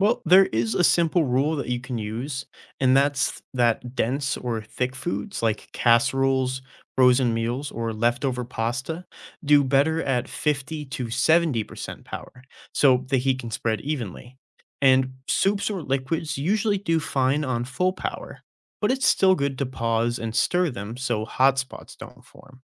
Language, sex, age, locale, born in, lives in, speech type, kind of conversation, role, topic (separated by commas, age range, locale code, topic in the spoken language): English, male, 20-24, en-US, United States, United States, read, expert-assistant, expert, How does a microwave heat up food?